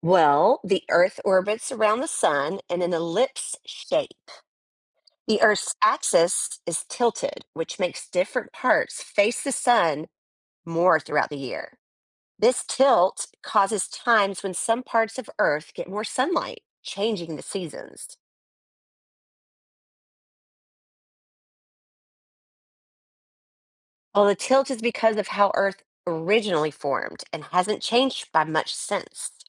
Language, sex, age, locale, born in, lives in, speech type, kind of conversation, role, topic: English, female, 45-49, en-US, United States, United States, read, expert-assistant, expert, How do the seasons change throughout the year?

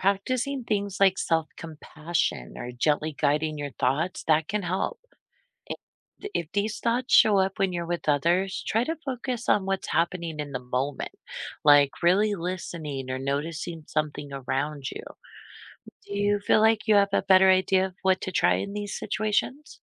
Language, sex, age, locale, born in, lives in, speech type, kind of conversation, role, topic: English, female, 45-49, en-US, United States, United States, read, expert-assistant, expert, How can you recognize and stop negative self-talk?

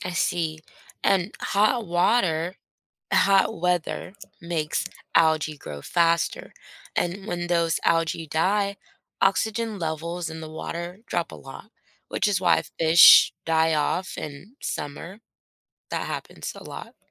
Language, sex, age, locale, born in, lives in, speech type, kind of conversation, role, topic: English, female, 25-29, en-US, United States, United States, read, expert-assistant, expert, How do fish breathe underwater?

